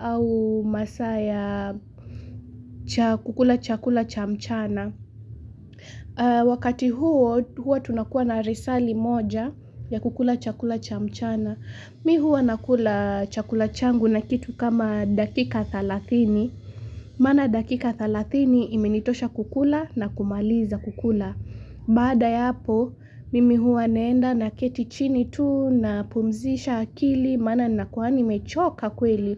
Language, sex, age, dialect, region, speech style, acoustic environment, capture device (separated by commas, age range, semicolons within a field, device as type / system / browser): Swahili, female, 18-24, Kenya - Mombasa, Kenya, relaxed, construction, mobile / Linux / Chrome